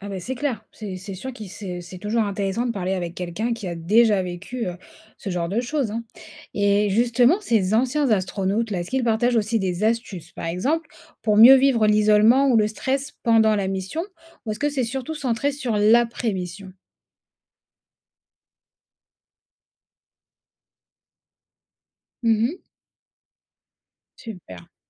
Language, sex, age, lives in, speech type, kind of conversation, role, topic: French, female, 30-34, France, read, expert-assistant, asker, Comment la vie quotidienne change-t-elle pour un astronaute lors d’une mission longue durée ?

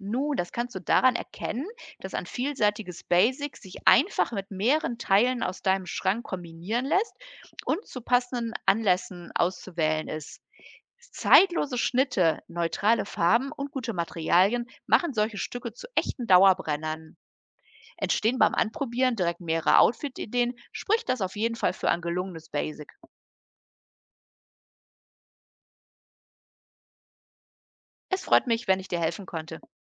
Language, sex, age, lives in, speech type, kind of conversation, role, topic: German, female, 45-49, Germany, read, expert-assistant, expert, Wie finde ich heraus, welcher Kleidungsstil wirklich zu mir passt, ohne mich von Trends beeinflussen zu lassen?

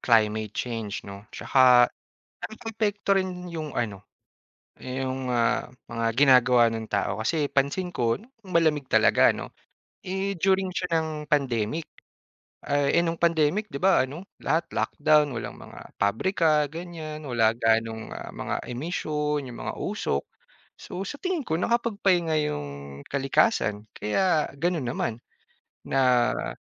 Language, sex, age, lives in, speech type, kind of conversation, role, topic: Filipino, male, 30-34, Philippines, conversation, podcast, guest, Ano ang mga nakikitang epekto ng pagbabago ng klima sa inyong lugar?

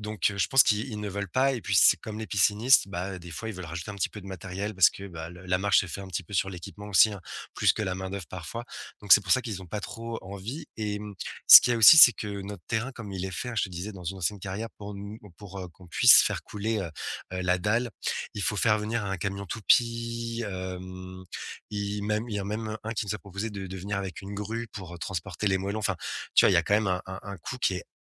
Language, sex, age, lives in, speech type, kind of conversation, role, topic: French, male, 35-39, France, conversation, advice, user, Comment avez-vous vécu la fin d’un projet important ou son annulation à la dernière minute ?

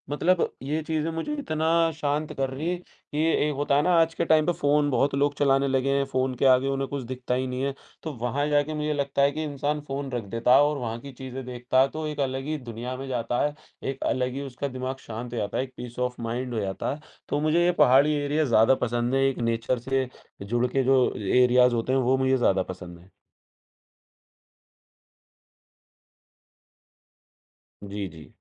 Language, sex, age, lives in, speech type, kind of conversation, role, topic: Hindi, male, 18-19, India, conversation, podcast, guest, Kaun si hobby hai jisme tum aasani se flow mein chale jaate ho?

